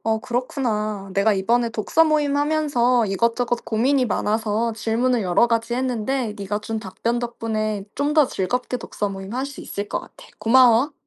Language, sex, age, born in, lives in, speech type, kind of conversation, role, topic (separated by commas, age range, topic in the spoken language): Korean, female, 25-29, South Korea, France, read, expert-assistant, asker, 요즘 현대 문학을 제대로 이해하려면 어떤 방식으로 책을 골라 읽는 게 좋을까요?